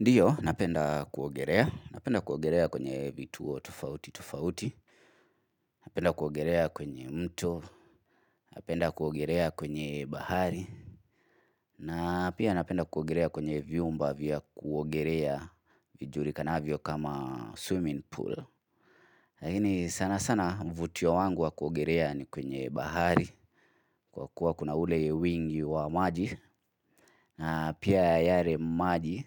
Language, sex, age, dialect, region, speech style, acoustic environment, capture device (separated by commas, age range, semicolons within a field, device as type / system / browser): Swahili, male, 25-34, Kenya - Nairobi Sheng-influenced, Kenya, relaxed, people talking, mobile / Linux / Chrome